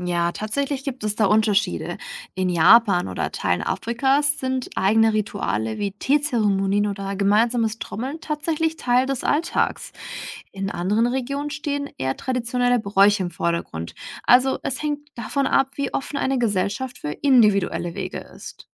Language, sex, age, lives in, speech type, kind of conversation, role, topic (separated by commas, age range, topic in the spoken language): German, female, 65-69, Germany, read, expert-assistant, expert, Warum suchen Menschen auf der ganzen Welt Trost in Religionen?